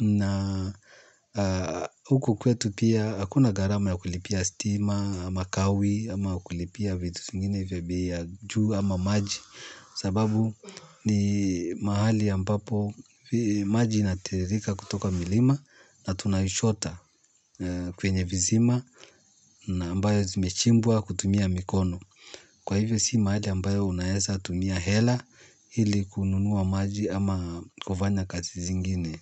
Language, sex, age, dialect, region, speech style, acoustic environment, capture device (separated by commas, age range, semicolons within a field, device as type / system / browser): Swahili, male, 35-44, Kenya - Nairobi Sheng-influenced, Kenya, happy; relaxed, silence, mobile / Linux / Chrome